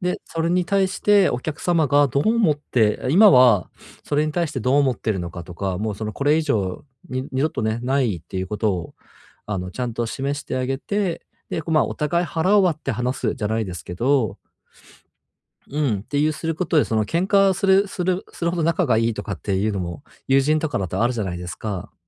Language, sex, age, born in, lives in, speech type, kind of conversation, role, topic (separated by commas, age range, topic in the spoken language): Japanese, male, 30-34, Japan, Japan, conversation, advice, advisor, 感情が激しくなったとき、どうすれば落ち着けますか？